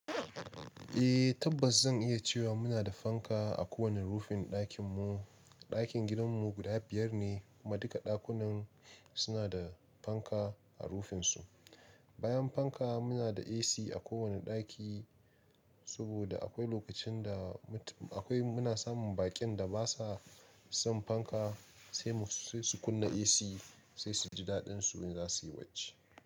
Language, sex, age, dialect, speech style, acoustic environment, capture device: Hausa, male, 25-34, Nigeria - Nigerian Standard, relaxed, silence, mobile / Linux / Chrome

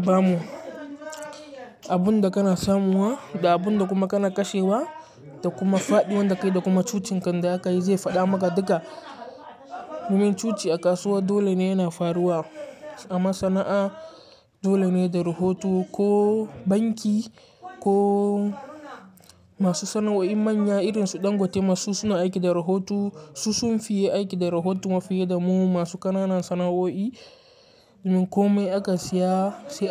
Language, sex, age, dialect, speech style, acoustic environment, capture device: Hausa, male, 18-24, Nigeria - Katsina, focused; relaxed, people talking, mobile / Linux / Chrome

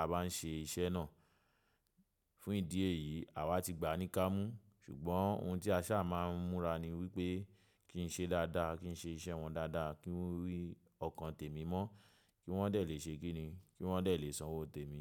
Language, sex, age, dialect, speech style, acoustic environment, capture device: Yoruba, male, 25-34, Nigeria - Lagos Yoruba, relaxed, nature, mobile / macOS / Safari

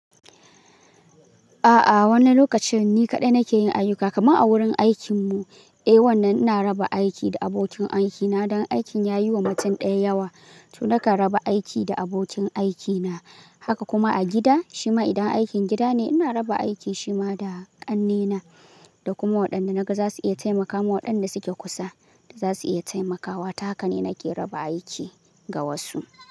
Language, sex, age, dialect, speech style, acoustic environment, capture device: Hausa, male, 18-24, Nigeria - Kano, focused; relaxed, nature, mobile / Linux / Chrome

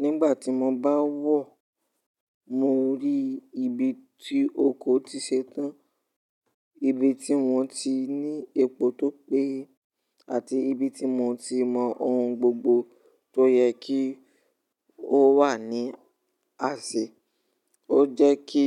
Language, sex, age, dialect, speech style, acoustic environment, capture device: Yoruba, male, 18-24, Nigeria - Ibadan, relaxed, nature, mobile / Linux / Chrome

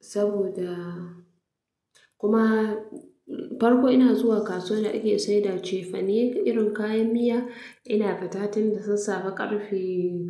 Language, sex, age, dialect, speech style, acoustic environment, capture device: Hausa, female, 25-34, Nigeria - Katsina, relaxed; happy, people talking; music, mobile / Linux / Chrome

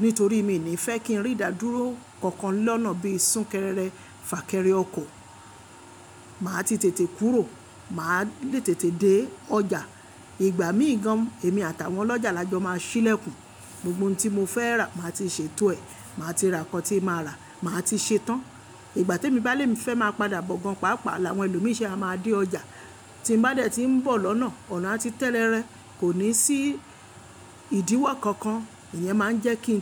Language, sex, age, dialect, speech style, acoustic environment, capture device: Yoruba, female, 25-34, Nigeria - Lagos Yoruba, relaxed; happy, people talking; appliances, mobile / Linux / Chrome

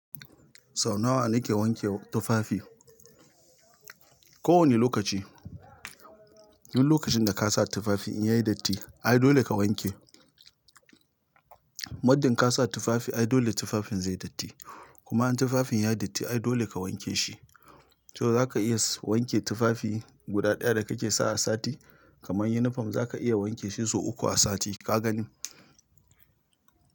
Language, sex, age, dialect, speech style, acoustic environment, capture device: Hausa, male, 35-44, Nigeria - Nigerian Standard, tired, silence, mobile / Linux / Chrome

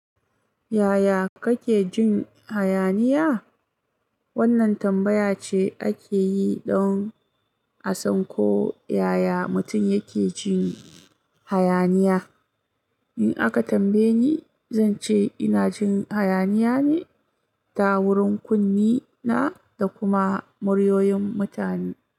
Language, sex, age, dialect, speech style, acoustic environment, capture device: Hausa, female, 25-34, Nigeria - Kano, focused, nature, mobile / Linux / Chrome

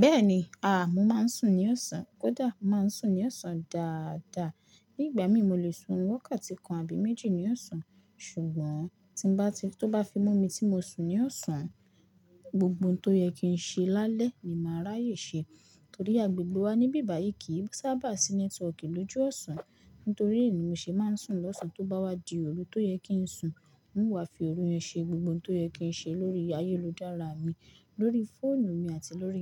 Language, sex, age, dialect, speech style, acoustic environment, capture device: Yoruba, female, 18-24, Nigeria - Lagos Yoruba, focused, construction, mobile / Linux / Chrome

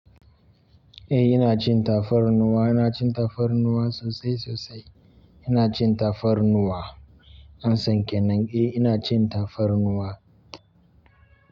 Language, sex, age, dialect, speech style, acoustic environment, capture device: Hausa, male, 25-34, Nigeria - Kano, relaxed, silence, mobile / Linux / Chrome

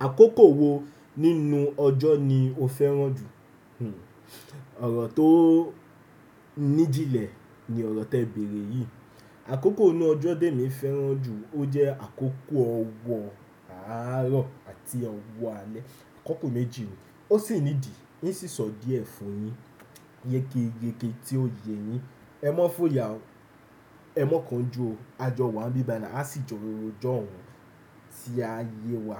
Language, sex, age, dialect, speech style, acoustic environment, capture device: Yoruba, male, 18-24, Nigeria - Nigerian Standard, relaxed, nature, desktop / Windows / Chrome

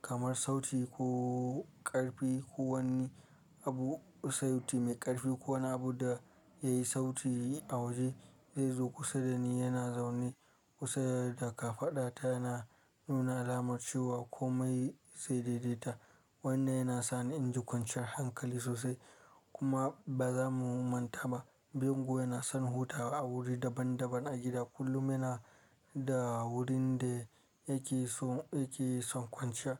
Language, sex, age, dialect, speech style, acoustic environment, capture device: Hausa, male, 25-34, Nigeria - Kano, focused, silence, mobile / Linux / Chrome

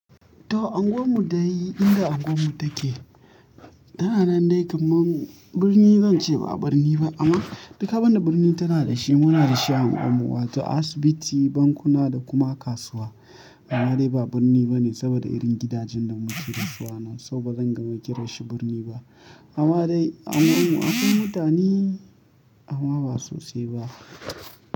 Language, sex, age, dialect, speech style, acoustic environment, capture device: Hausa, male, 25-34, Nigeria - Kano, relaxed, silence, mobile / Linux / Chrome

